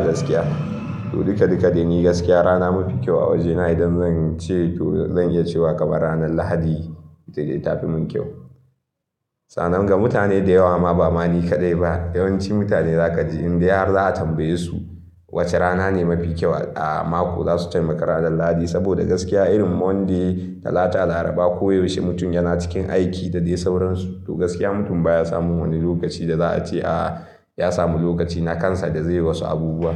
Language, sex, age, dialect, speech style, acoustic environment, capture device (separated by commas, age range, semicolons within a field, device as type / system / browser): Hausa, male, 18-24, Nigeria - Kano, anxious, nature, mobile / Linux / Chrome